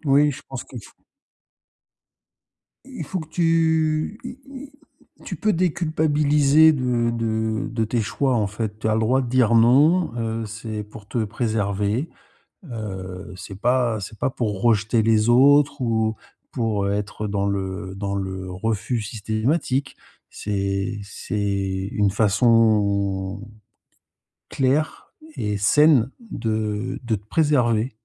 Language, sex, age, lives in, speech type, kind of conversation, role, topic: French, male, 50-54, Spain, conversation, advice, advisor, Comment trouver un bon équilibre entre vie sociale et solitude ?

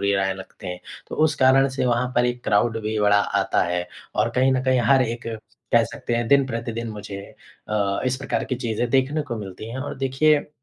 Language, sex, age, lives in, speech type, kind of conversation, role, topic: Hindi, male, 25-29, India, conversation, advice, user, सोशल मीडिया पर सार्वजनिक आलोचना और टिप्पणियों से आपको किस तरह परेशानी हो रही है?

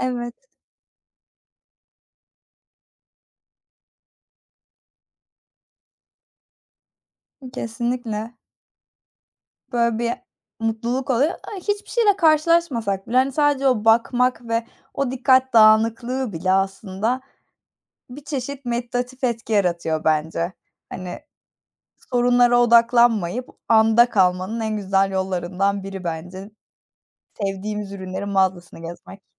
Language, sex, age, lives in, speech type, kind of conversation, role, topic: Turkish, female, 25-29, Germany, conversation, unstructured, none, En son yaşadığın küçük mutluluk neydi?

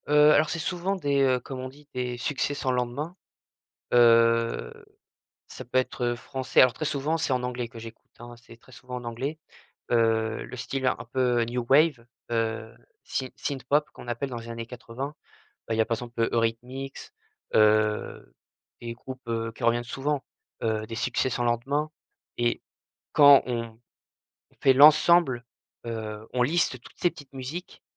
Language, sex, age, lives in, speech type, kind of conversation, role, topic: French, male, 20-24, France, conversation, podcast, guest, Quelle musique te met instantanément de bonne humeur ?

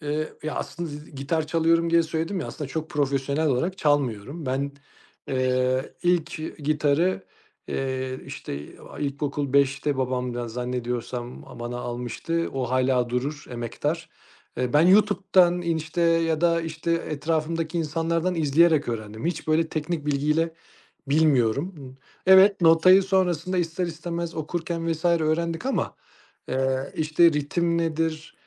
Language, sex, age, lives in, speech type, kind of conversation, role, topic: Turkish, male, 35-39, Austria, conversation, podcast, guest, Müzik zevkini nasıl tanımlarsın ve hangi kaynaklardan etkileniyorsun?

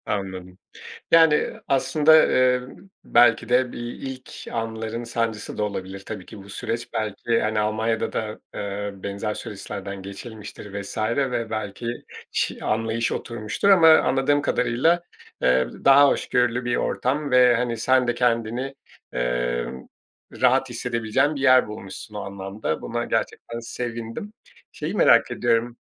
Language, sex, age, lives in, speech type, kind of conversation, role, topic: Turkish, male, 40-44, Portugal, conversation, podcast, host, Yeni bir kültüre alışırken en sık hangi zorluklarla karşılaşılır?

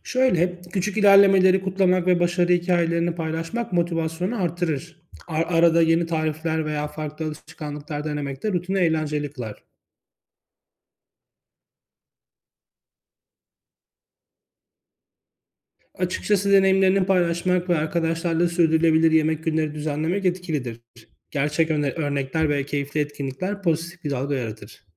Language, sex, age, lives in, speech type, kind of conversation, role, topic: Turkish, male, 35-39, Greece, read, expert-assistant, expert, Ailemde iklim değişikliğiyle ilgili farklı bakış açıları varken ortak bir çözüm yolunda nasıl ilerleyebilirim?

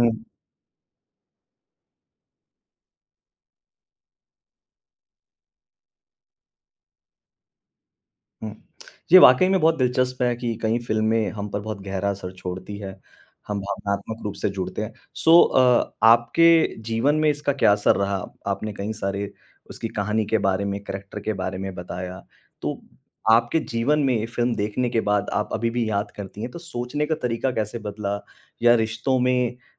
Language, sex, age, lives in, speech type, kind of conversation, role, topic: Hindi, male, 35-39, India, conversation, podcast, host, किस बॉलीवुड फिल्म ने आपकी सोच का नज़रिया बदला और कैसे?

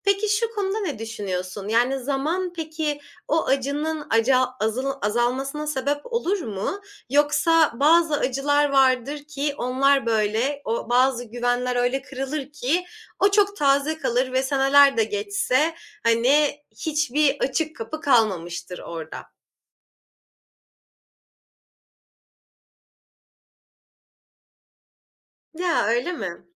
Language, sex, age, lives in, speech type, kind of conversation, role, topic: Turkish, female, 25-29, Germany, conversation, podcast, host, Güven kırıldığında, güveni yeniden kurmada zaman mı yoksa davranış mı daha önemlidir?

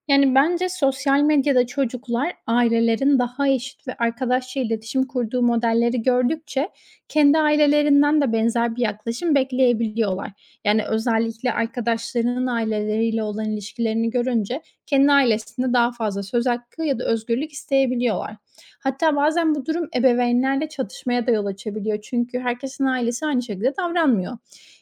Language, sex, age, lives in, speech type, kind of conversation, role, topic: Turkish, female, 25-29, Spain, read, expert-assistant, asker, Farklı kültürlerde aile içi roller ve çocukların söz hakkı nasıl değişiyor?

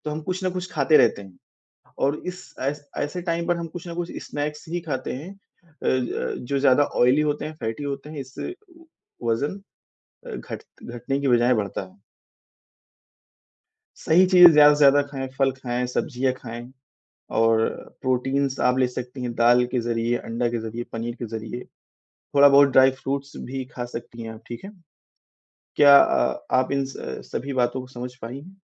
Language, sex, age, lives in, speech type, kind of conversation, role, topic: Hindi, male, 20-24, India, conversation, advice, advisor, मैं वास्तविक और मापनीय लक्ष्य कैसे तय करूँ?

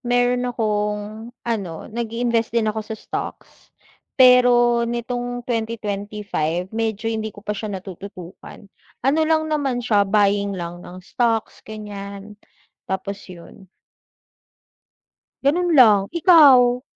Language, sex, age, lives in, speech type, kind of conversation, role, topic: Filipino, female, 35-39, Philippines, conversation, unstructured, none, Ano ang palagay mo tungkol sa pag-iipon para sa kinabukasan?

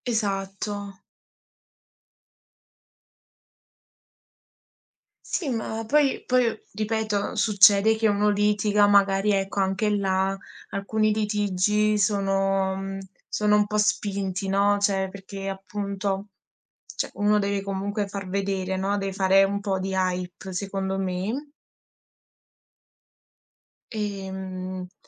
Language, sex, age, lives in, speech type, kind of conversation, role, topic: Italian, female, 20-24, Italy, conversation, unstructured, none, Pensi che i reality show siano dannosi per la società?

